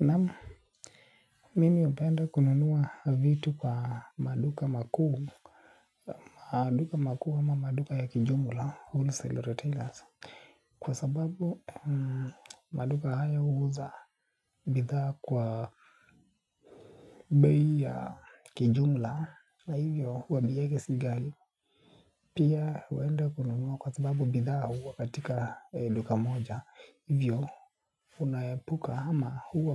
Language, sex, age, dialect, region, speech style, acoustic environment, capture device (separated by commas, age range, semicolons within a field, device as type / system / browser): Swahili, male, 18-24, Kenya - Nairobi Sheng-influenced, Kenya, happy, silence; appliances, mobile / Linux / Chrome